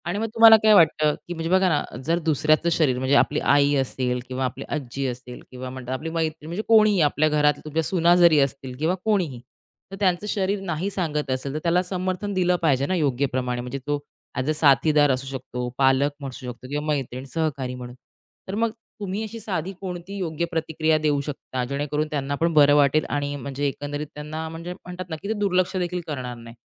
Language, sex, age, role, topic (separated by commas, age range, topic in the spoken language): Marathi, female, 30-34, host, तुमचे शरीर "नाही" सांगत असेल तर तुम्ही ते कधी मान्य करता?